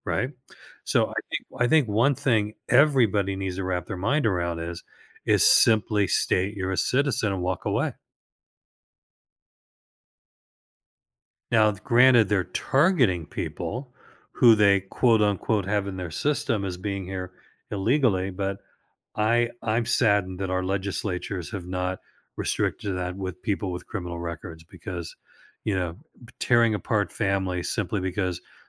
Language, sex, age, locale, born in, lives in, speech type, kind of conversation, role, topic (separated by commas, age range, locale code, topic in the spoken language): English, male, 65-69, en-US, United States, United States, conversation, advice, advisor, How can I manage stress without burning out?